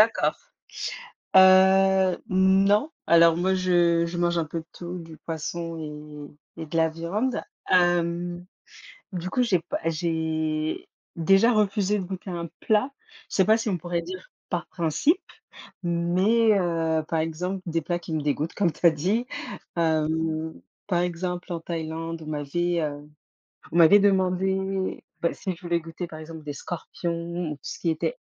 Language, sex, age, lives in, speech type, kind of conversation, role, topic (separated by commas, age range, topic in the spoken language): French, female, 35-39, Spain, conversation, unstructured, none, As-tu déjà refusé de goûter un plat par principe ?